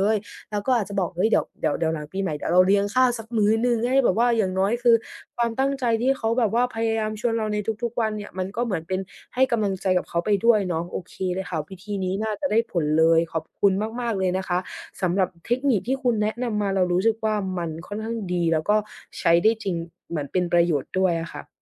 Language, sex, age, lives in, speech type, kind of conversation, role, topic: Thai, female, 20-24, Thailand, conversation, advice, user, ฉันจะปฏิเสธคำเชิญไปงานปาร์ตี้กับเพื่อน ๆ อย่างสุภาพได้อย่างไร?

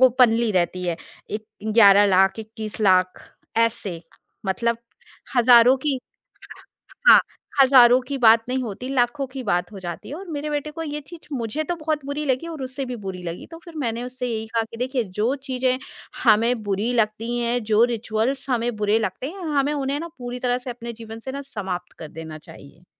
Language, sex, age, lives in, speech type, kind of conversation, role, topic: Hindi, female, 40-44, India, conversation, podcast, guest, Tyohar aur ghar ke riwaaj aapke bachchon ko kya sikhate hain?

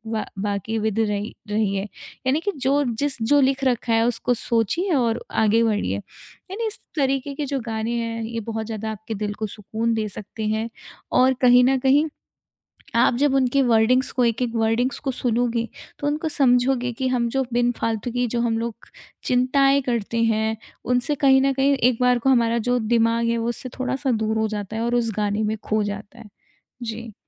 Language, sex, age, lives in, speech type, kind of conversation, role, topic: Hindi, female, 25-29, India, conversation, podcast, guest, Music aapka mood kaise badal deta hai?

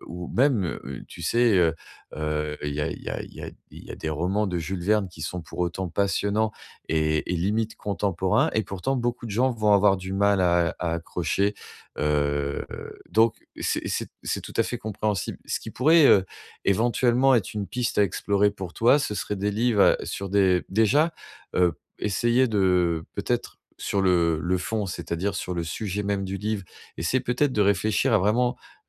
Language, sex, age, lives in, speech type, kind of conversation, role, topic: French, male, 45-49, France, conversation, advice, advisor, Pourquoi je n’arrive jamais à finir un livre que j’aime ?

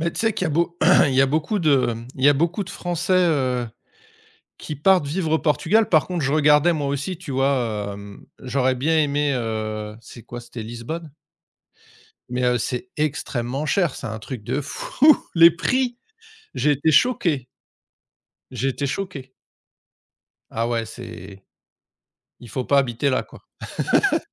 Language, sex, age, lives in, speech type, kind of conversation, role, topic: French, male, 45-49, France, conversation, unstructured, none, Quels sont tes rêves pour les cinq prochaines années ?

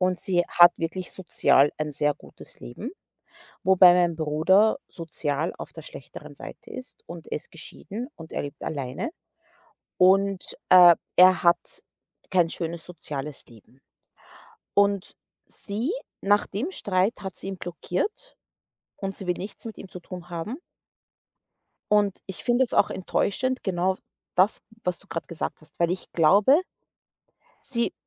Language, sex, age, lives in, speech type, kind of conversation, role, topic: German, female, 30-34, United States, conversation, unstructured, none, Wie würdest du reagieren, wenn dich ein Familienmitglied enttäuscht?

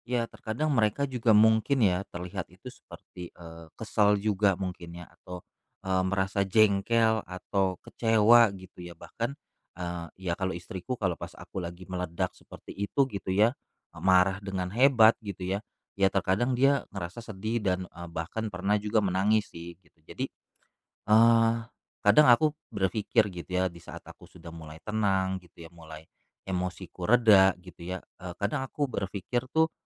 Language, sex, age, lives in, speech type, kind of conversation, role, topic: Indonesian, male, 35-39, Indonesia, conversation, advice, user, Mengapa saya sering marah lalu menyesal?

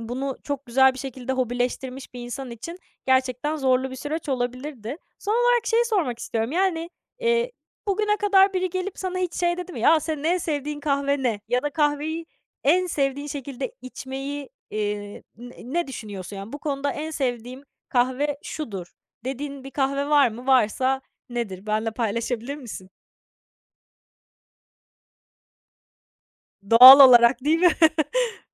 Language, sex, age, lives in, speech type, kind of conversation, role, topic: Turkish, female, 20-24, France, conversation, podcast, host, Sürekli yeni hobiler denemek mi, yoksa tek bir hobide derinleşmek mi daha iyidir?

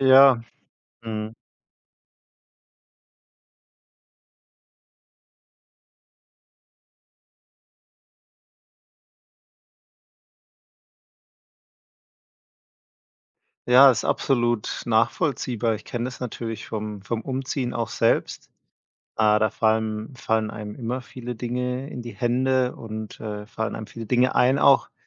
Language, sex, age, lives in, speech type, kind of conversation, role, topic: German, male, 30-34, Germany, conversation, podcast, host, Wie entscheidest du, was du aussortierst und was du behältst?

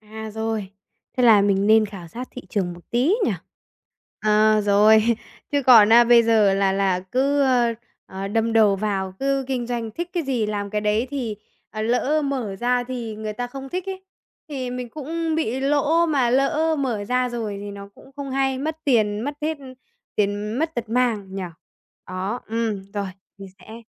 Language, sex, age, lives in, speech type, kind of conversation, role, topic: Vietnamese, female, 20-24, Vietnam, conversation, advice, user, Làm thế nào để tìm ý tưởng khởi nghiệp khi bạn chưa có ý tưởng?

